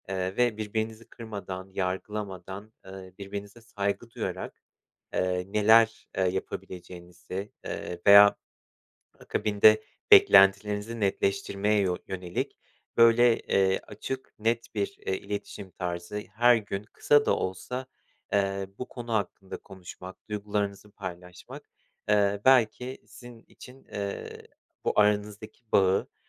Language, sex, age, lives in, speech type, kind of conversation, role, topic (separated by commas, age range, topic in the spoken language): Turkish, male, 30-34, Poland, conversation, advice, advisor, İlişkinizde ilgi ve tutkunun azaldığını nasıl hissediyorsunuz?